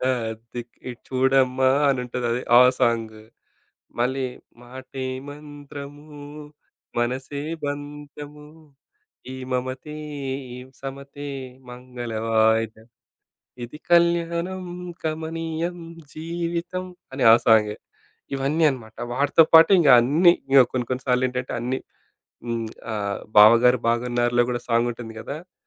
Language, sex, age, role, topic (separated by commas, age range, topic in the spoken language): Telugu, male, 35-39, guest, పెళ్లి మేహందీ లేదా రిసెప్షన్ గుర్తొస్తే మీకు ముందుగా గుర్తుకు వచ్చే పాట ఏది?